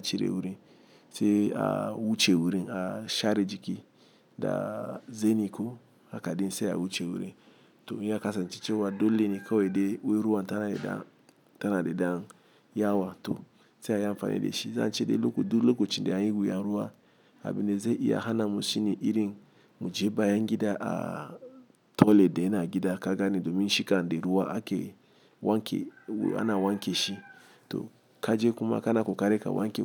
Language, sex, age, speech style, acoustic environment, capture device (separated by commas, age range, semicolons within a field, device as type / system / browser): Hausa, male, 35-44, happy, traffic; appliances, mobile / Linux / Chrome